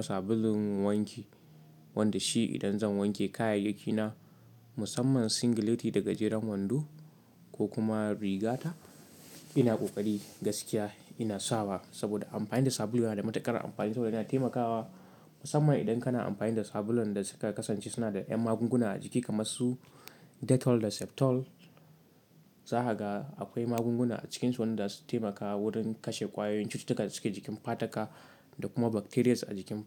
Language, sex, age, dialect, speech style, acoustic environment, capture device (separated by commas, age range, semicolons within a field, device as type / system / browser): Hausa, male, 18-24, Nigeria - Katsina, relaxed; excited; happy, silence, mobile / Linux / Chrome